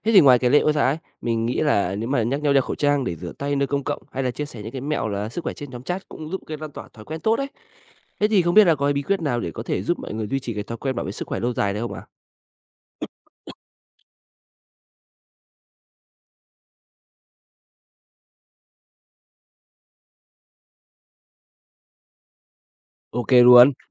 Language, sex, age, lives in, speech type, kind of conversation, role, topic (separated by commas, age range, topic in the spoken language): Vietnamese, male, 25-29, Vietnam, read, expert-assistant, asker, Có những cách nào để cộng đồng cùng nhau giữ gìn sức khỏe khi môi trường sống thay đổi?